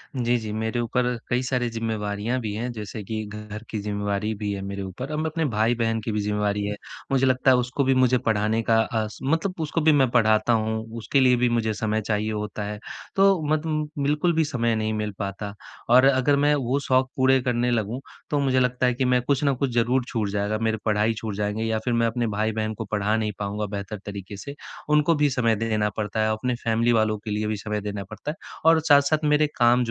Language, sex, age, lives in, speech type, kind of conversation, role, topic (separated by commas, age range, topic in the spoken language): Hindi, male, 20-24, India, conversation, advice, user, मैं अपने शौक के लिए समय कैसे निकाल सकता/सकती हूँ?